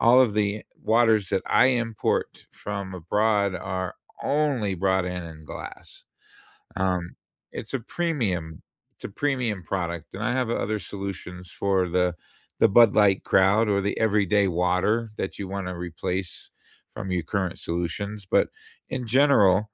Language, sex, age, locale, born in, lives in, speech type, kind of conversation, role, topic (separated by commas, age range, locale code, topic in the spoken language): English, male, 55-59, en-US, United States, United States, conversation, unstructured, none, Have you ever tried to convince someone to take up your hobby?